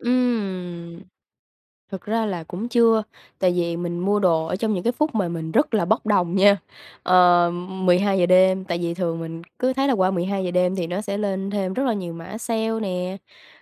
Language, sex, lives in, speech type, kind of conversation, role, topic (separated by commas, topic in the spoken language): Vietnamese, female, Vietnam, conversation, advice, user, Bạn có đang nghiện mua sắm trực tuyến và chi tiêu không kiểm soát không?